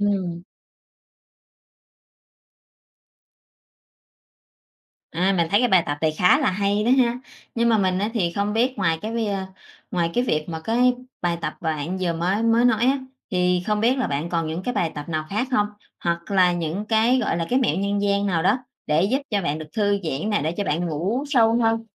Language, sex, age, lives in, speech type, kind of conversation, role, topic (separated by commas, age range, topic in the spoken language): Vietnamese, female, 45-49, Vietnam, conversation, podcast, host, Bạn làm gì để ngủ ngon hơn mỗi đêm?